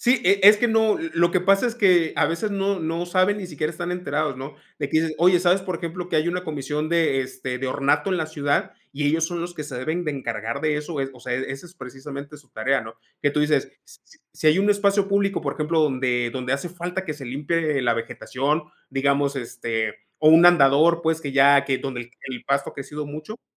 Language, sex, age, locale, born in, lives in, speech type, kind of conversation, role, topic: Spanish, male, 35-39, es-MX, Mexico, Mexico, conversation, unstructured, none, ¿Crees que es importante que los jóvenes participen en la política?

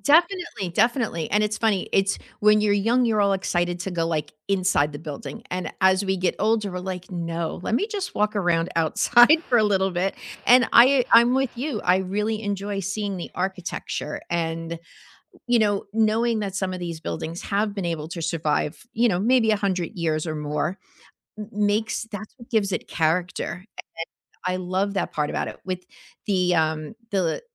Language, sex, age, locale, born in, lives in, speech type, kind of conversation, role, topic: English, female, 55-59, en-US, United States, United States, conversation, unstructured, none, What childhood field trip blew your mind, and what made it unforgettable for you?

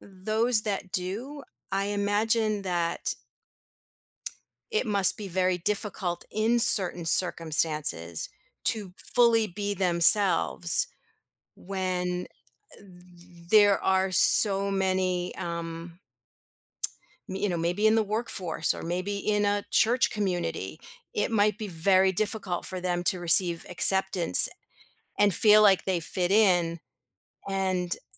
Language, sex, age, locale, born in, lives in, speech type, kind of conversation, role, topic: English, female, 55-59, en-US, United States, United States, conversation, podcast, guest, What makes it challenging to stay true to yourself while wanting to belong?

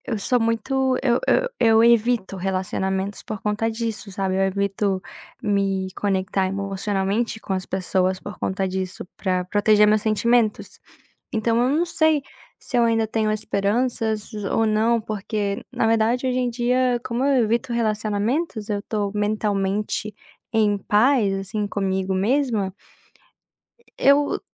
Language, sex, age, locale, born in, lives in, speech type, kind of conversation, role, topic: Portuguese, female, 20-24, pt-BR, Brazil, United States, conversation, advice, user, Como lidar com expectativas não realizadas após o término de um relacionamento?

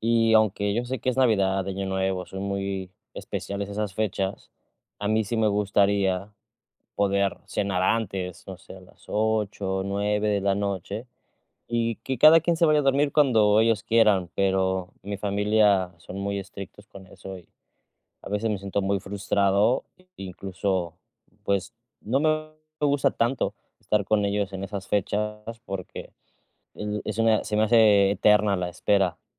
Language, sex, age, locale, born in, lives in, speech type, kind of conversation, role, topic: Spanish, male, 30-34, es-MX, Mexico, Mexico, conversation, advice, user, ¿Cómo puedo conciliar las tradiciones familiares con mis decisiones personales?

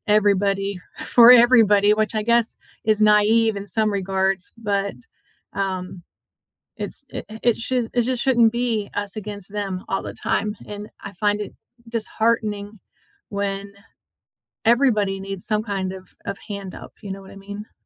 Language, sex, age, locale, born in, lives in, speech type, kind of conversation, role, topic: English, female, 50-54, en-US, United States, United States, conversation, unstructured, none, Why do some historical injustices continue to affect people today?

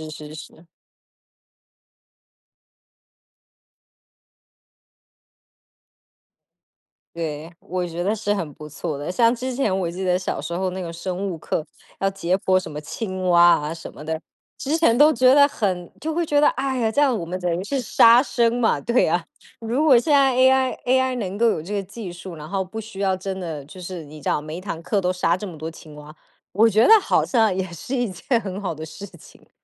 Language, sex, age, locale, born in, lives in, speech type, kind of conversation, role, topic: Chinese, female, 30-34, zh-TW, Taiwan, United States, conversation, unstructured, none, 虚拟现实会影响我们的学习吗？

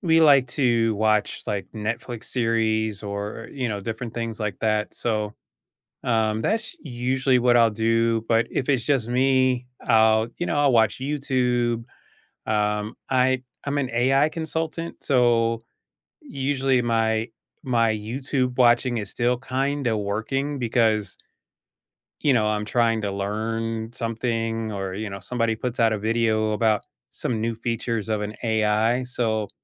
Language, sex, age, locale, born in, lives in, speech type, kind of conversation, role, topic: English, male, 50-54, en-US, United States, United States, conversation, unstructured, none, How do you unwind after a long day?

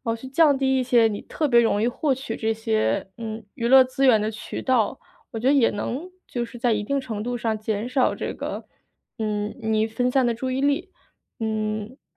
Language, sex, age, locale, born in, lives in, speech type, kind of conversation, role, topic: Chinese, female, 25-29, zh-CN, China, United States, conversation, advice, advisor, 我怎样才能减少娱乐对时间和注意力的干扰？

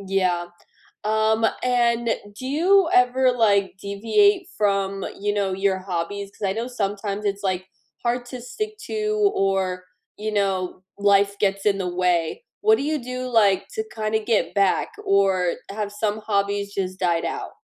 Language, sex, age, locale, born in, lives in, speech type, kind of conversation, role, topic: English, female, 25-29, en-US, United States, United States, conversation, unstructured, none, What new hobby have you started recently, what inspired you to try it, and how has it changed your daily routine?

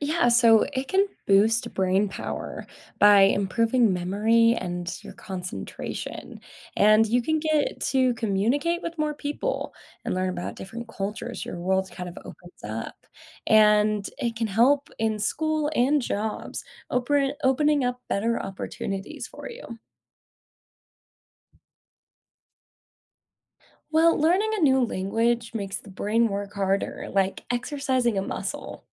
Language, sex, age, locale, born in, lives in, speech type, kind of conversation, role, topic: English, female, 20-24, en-US, United States, United States, read, expert-assistant, expert, What are the benefits of learning a second language?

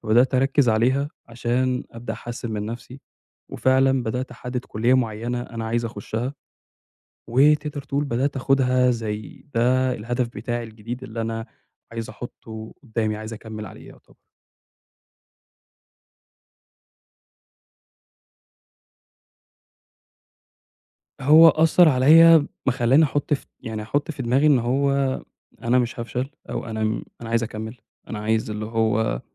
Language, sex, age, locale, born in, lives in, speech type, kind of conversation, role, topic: Arabic, male, 25-29, ar-EG, Egypt, Egypt, conversation, advice, user, التعلم من الانتكاسات والمضي قدماً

